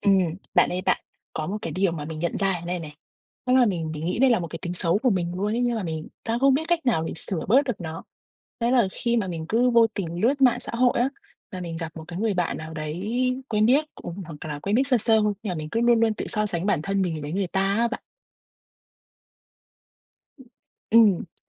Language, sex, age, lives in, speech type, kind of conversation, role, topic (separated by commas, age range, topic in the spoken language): Vietnamese, female, 25-29, Vietnam, conversation, advice, user, Vì sao việc so sánh bản thân với bạn bè trên mạng xã hội khiến bạn mất tự tin?